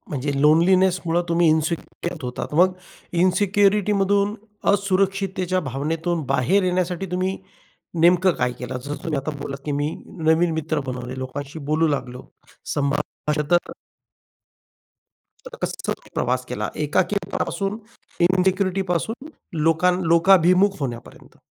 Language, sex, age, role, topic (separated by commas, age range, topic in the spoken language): Marathi, male, 40-44, host, एकटे असताना मन नव्याने सावरायला सुरुवात कशी कराल?